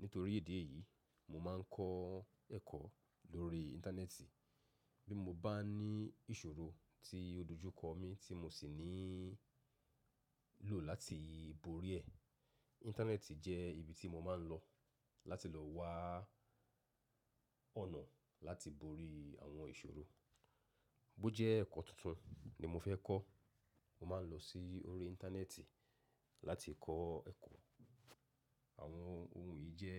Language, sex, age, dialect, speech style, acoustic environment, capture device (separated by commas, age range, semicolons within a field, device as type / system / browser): Yoruba, male, 35-44, Nigeria - Lagos Yoruba, relaxed; focused, silence, mobile / macOS / Safari